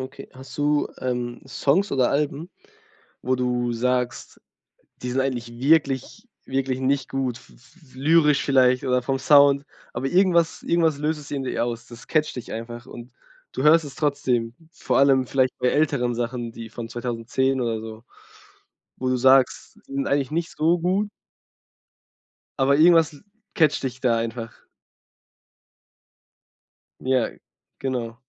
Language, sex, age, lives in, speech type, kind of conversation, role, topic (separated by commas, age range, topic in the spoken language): German, male, 20-24, Germany, conversation, podcast, host, Wie bringst du unterschiedliche Musikgeschmäcker unter einen Hut?